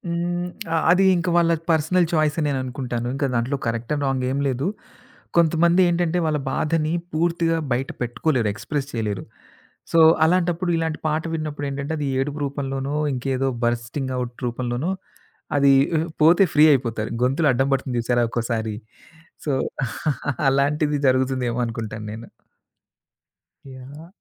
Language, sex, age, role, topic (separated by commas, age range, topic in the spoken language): Telugu, male, 40-44, guest, సంగీతం మీ జ్ఞాపకాలపై ఎలా ప్రభావం చూపుతుంది?